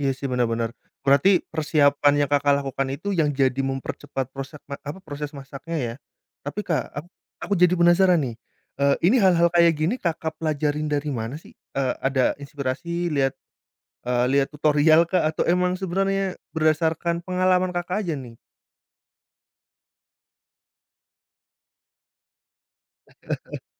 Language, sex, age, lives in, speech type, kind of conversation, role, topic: Indonesian, male, 30-34, Indonesia, conversation, podcast, host, Apa trik Anda untuk memasak cepat saat hari sedang sangat sibuk?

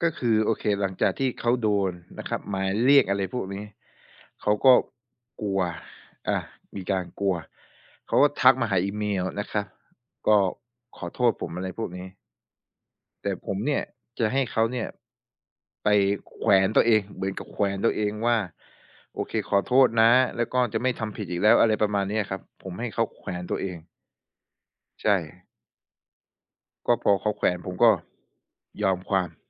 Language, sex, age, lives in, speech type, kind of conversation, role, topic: Thai, male, 25-29, Thailand, conversation, podcast, guest, การถูกวิจารณ์ส่งผลต่อความรู้สึกเป็นตัวตนของคุณอย่างไร?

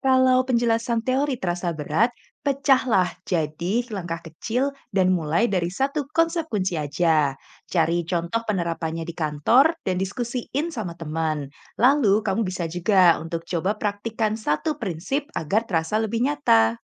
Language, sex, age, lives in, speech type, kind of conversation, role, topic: Indonesian, female, 25-29, Indonesia, read, expert-assistant, expert, Bagaimana strategi terbaik untuk menggali teori belajar supaya saya tidak bingung dengan banyaknya pendapat di internet?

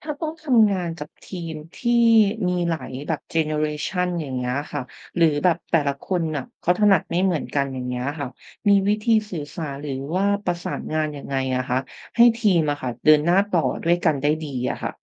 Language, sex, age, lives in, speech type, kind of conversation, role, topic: Thai, female, 30-34, Thailand, read, expert-assistant, asker, ควรพัฒนาทักษะอะไรบ้างเพื่อเป็นนักการตลาดที่ประสบความสำเร็จในยุคดิจิทัล?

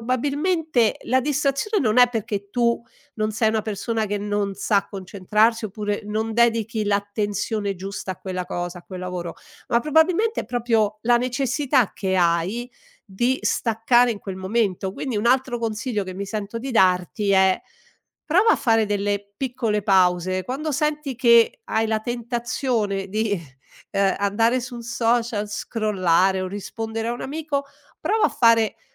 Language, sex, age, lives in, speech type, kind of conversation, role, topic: Italian, female, 60-64, Italy, conversation, advice, advisor, Come usi il tuo tempo sui social e nelle altre distrazioni digitali?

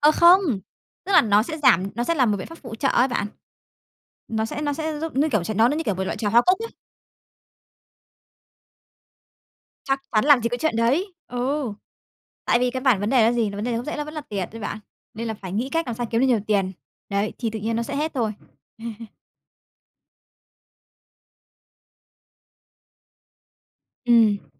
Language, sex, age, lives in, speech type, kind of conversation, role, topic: Vietnamese, female, 30-34, Vietnam, conversation, podcast, guest, Bạn thường làm gì để giảm căng thẳng trong ngày?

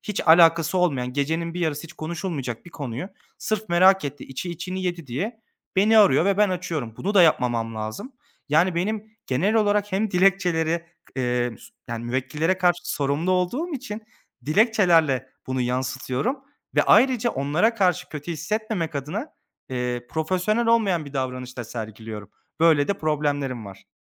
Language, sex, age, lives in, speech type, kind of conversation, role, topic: Turkish, male, 25-29, Germany, conversation, advice, user, Mükemmeliyetçilik yüzünden projelerinizi tamamlayamama durumunu nasıl yaşıyorsunuz?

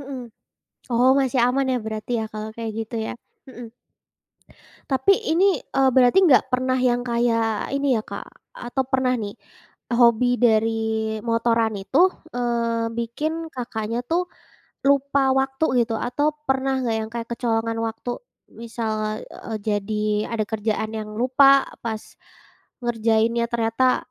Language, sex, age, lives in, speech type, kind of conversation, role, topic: Indonesian, female, 20-24, Indonesia, conversation, podcast, host, Bagaimana cara menyeimbangkan hobi baru dengan tuntutan sekolah atau pekerjaan?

